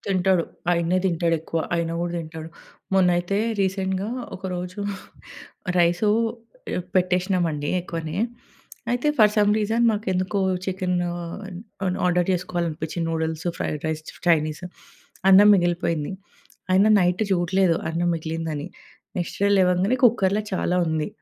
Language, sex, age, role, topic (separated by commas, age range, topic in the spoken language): Telugu, female, 30-34, guest, మిగిలిన ఆహారాన్ని మీరు ఎలా ఉపయోగిస్తారు?